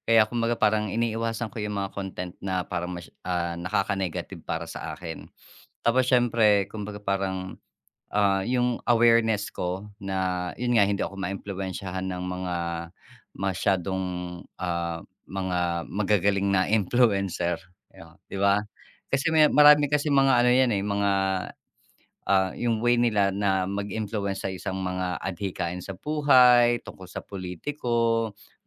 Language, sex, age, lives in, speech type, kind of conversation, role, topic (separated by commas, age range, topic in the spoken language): Filipino, male, 45-49, Philippines, conversation, podcast, guest, Paano mo pinangangalagaan ang kalusugang pangkaisipan kapag aktibo ka sa internet?